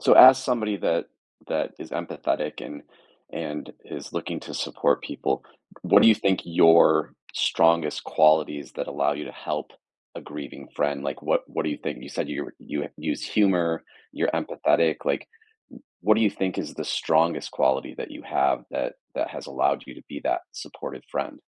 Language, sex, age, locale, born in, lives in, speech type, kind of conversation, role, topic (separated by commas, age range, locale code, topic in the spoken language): English, male, 45-49, en-US, United States, United States, conversation, podcast, host, How can you best support a friend who is grieving in a thoughtful and respectful way?